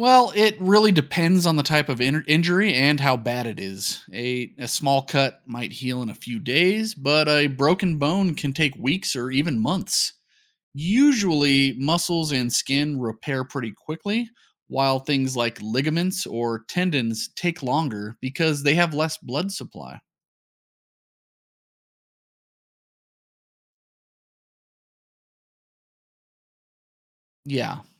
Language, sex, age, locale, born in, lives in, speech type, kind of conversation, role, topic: English, male, 35-39, en-US, United States, United States, read, expert-assistant, expert, How does the human body heal after injury?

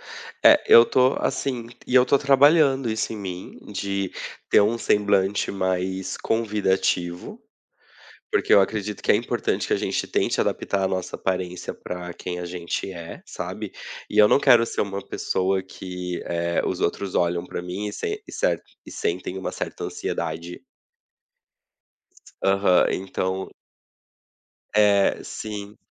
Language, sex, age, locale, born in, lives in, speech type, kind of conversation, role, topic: Portuguese, male, 30-34, pt-BR, Brazil, Portugal, conversation, unstructured, none, Como você descreveria a si mesmo de verdade?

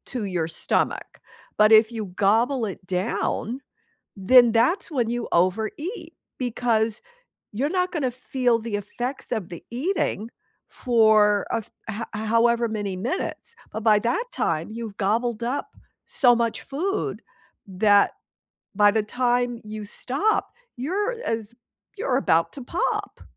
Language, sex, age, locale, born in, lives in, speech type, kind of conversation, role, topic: English, female, 70-74, en-US, United States, United States, conversation, unstructured, none, Why do some people get angry about food portions?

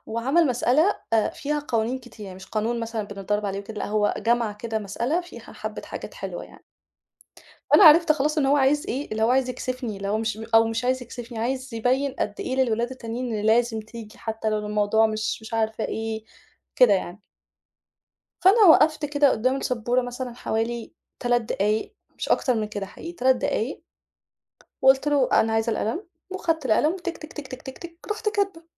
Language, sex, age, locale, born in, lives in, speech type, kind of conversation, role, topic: Arabic, female, 35-39, ar-EG, Egypt, Egypt, conversation, podcast, guest, إزاي المعلّمين المُلهِمين أثّروا على مشوارك؟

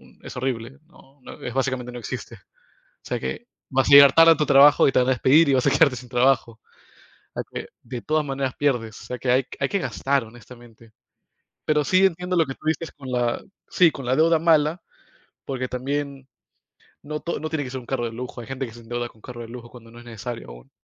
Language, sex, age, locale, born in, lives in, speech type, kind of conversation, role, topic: Spanish, male, 25-29, es-PE, United States, United States, conversation, unstructured, none, ¿Crees que hoy en día es fácil vivir sin deudas?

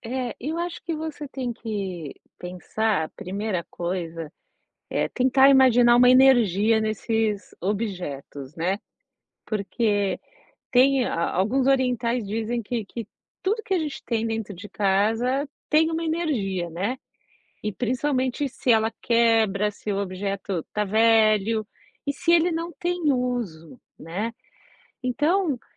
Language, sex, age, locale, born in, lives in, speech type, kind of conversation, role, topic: Portuguese, female, 45-49, pt-BR, Brazil, United States, conversation, advice, advisor, Relutância em desapegar de itens sentimentais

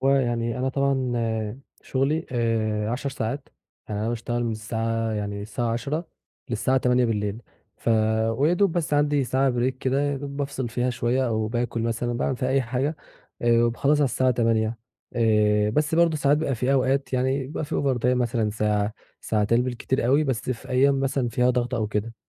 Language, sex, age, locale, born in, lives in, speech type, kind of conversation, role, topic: Arabic, male, 20-24, ar-EG, Egypt, Egypt, conversation, advice, user, إزاي أوازن بين شغلي وحياتي الشخصية ووقتي لهواياتي؟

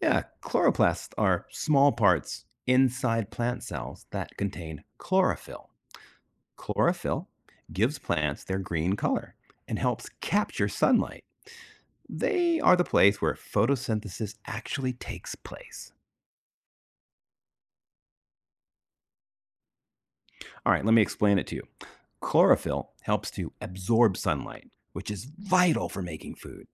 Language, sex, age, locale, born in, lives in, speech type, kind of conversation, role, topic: English, male, 50-54, en-US, United States, United States, read, expert-assistant, expert, What is the process of photosynthesis in plants?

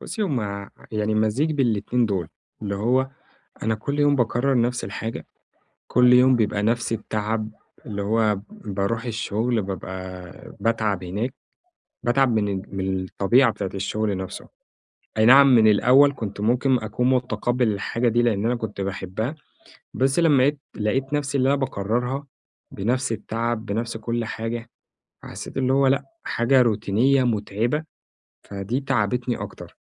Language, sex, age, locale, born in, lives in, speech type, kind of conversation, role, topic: Arabic, male, 25-29, ar-EG, Egypt, Egypt, conversation, advice, user, إزاي أقدر أحافظ على انضباطي لما حماسي بيقل في حياتي اليومية؟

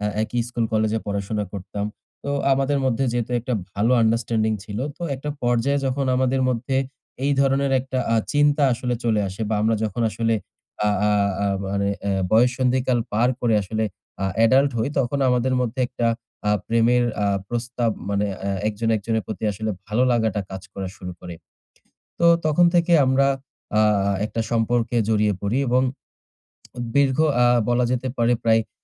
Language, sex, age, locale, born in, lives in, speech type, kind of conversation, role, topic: Bengali, male, 20-24, bn-BD, Bangladesh, Bangladesh, conversation, advice, user, ভাঙা সম্পর্কের পর আমি কীভাবে আত্মবিশ্বাস গড়ে তুলে নতুন প্রেমে এগোতে পারি?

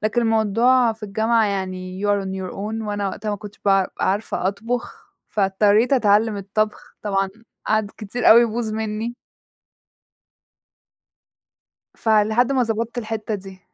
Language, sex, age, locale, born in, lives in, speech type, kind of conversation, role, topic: Arabic, female, 20-24, ar-EG, Egypt, Egypt, conversation, podcast, guest, إيه هو روتين صباحي صحي بتعمله وبيحسّن يومك؟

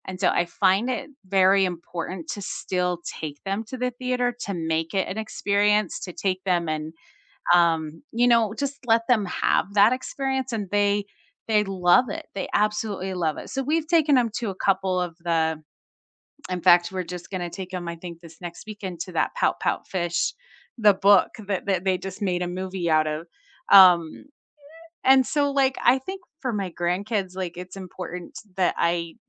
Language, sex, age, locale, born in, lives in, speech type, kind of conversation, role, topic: English, female, 45-49, en-US, United States, United States, conversation, unstructured, none, Do you prefer streaming at home or a night out at the theater?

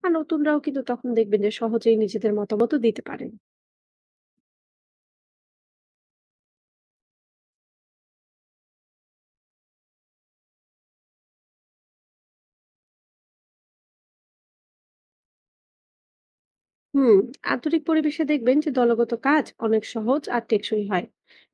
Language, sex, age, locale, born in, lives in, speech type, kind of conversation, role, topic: Bengali, female, 20-24, bn-BD, Bangladesh, Bangladesh, read, expert-assistant, expert, কীভাবে আমরা আমাদের দৈনন্দিন জীবনে ছোট ছোট কাজের মাধ্যমে একটা বড় পরিবর্তনের দিকে এগিয়ে যেতে পারি?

